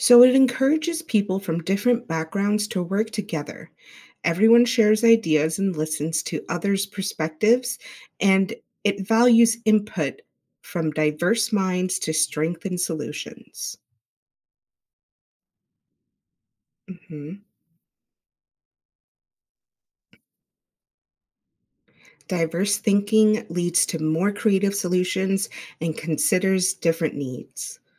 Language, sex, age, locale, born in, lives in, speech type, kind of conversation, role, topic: English, female, 30-34, en-US, United States, United States, read, expert-assistant, expert, What are the fundamental principles of design thinking?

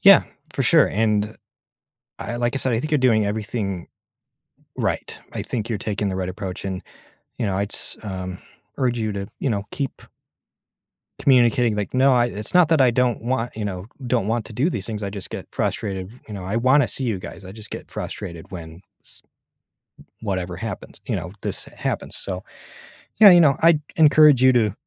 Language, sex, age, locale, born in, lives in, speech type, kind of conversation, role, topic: English, male, 30-34, en-US, United States, United States, conversation, advice, advisor, How should I respond to broken promises?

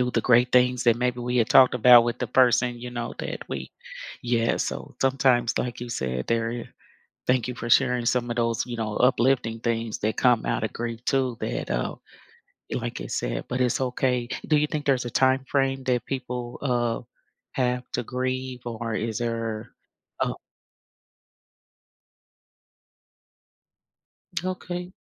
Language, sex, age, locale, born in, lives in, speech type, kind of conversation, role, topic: English, female, 55-59, en-US, United States, United States, conversation, podcast, host, How do cultural traditions shape the way people experience and express grief?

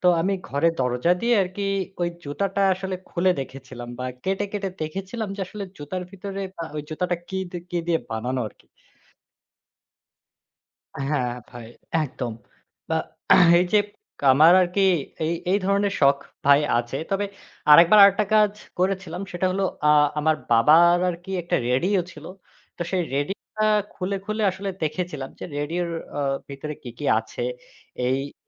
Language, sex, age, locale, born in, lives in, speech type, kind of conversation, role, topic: Bengali, male, 20-24, bn-BD, Bangladesh, Bangladesh, conversation, unstructured, none, তুমি নিজের শখ থেকে কী ধরনের আনন্দ পাও?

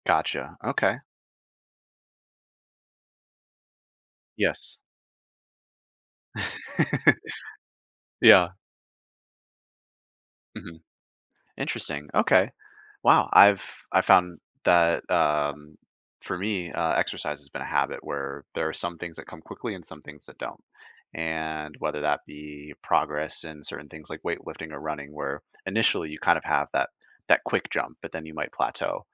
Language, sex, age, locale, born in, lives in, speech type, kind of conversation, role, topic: English, male, 25-29, en-US, Canada, United States, conversation, podcast, host, Which daily routines or practices have had the biggest impact on your personal growth?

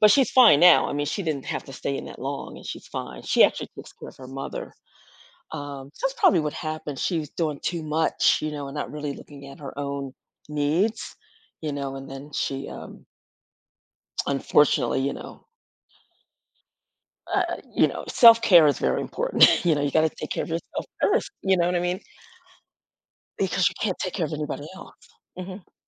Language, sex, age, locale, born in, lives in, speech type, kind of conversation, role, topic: English, female, 55-59, en-US, United States, United States, conversation, advice, user, How can I find more opportunities to help others?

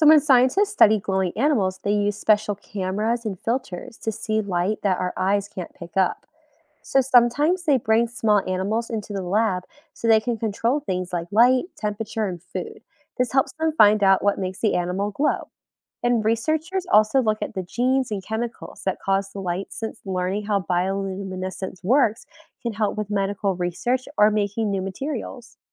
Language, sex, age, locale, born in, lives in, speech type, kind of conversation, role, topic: English, female, 25-29, en-US, United States, United States, read, expert-assistant, expert, What causes fireflies to glow at night?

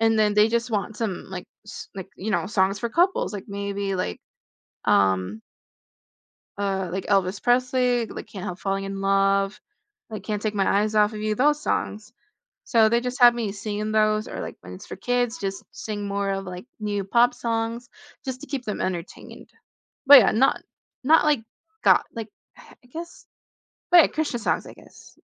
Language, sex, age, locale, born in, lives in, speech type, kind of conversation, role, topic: English, female, 20-24, en-US, Philippines, United States, conversation, unstructured, none, Would you rather live in a world without music or without movies?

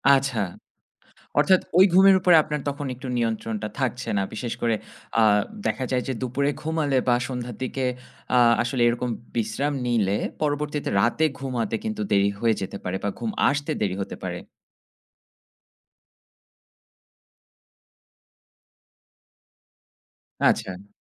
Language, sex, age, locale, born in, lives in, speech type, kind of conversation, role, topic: Bengali, male, 30-34, bn-BD, Bangladesh, Finland, conversation, podcast, host, কোন সকালের রুটিন আপনাকে সবচেয়ে বেশি ফলপ্রসূ করেছে?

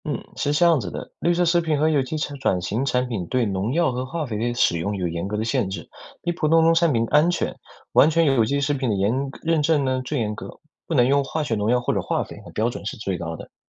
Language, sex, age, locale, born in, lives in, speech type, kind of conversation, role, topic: Chinese, male, 20-24, zh-CN, China, United States, read, expert-assistant, expert, 许多人认为有机食品比普通食品更安全、更有营养，这种说法是真的吗？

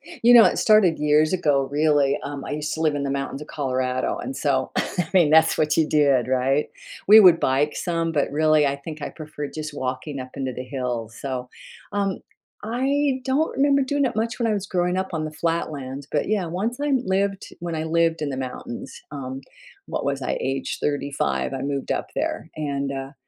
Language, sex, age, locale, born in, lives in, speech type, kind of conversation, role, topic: English, female, 70-74, en-US, United States, United States, conversation, podcast, guest, How do you find a fitness routine that truly works for you?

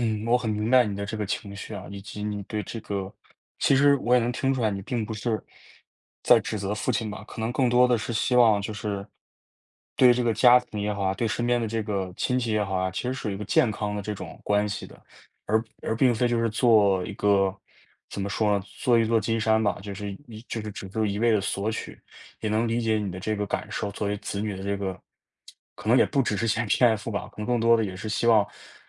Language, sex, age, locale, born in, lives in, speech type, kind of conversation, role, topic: Chinese, male, 20-24, zh-CN, China, United States, conversation, advice, advisor, 我该如何对家人设立健康的界限？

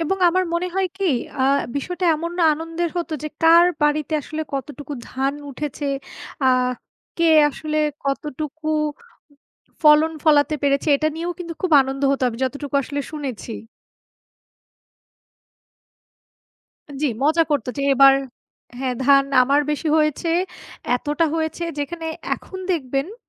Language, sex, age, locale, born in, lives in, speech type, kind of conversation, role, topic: Bengali, female, 25-29, bn-BD, Bangladesh, Bangladesh, conversation, unstructured, none, বাংলাদেশের কোন ঐতিহ্যবাহী উৎসবটি আপনার সবচেয়ে প্রিয়?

